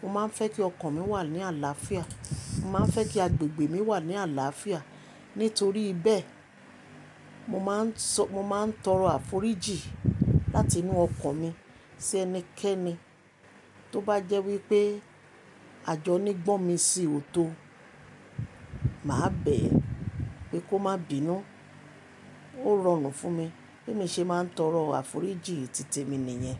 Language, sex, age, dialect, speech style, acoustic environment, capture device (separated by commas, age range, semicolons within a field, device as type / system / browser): Yoruba, female, 25-34, Nigeria - Lagos Yoruba, happy, appliances, mobile / Linux / Chrome